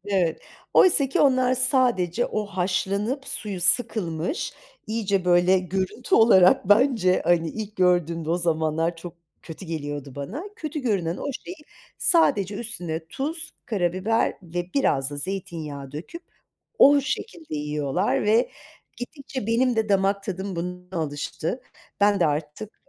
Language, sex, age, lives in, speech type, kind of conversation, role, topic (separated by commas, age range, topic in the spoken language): Turkish, female, 50-54, Italy, conversation, unstructured, none, Sence yemek paylaşmak neden önemlidir?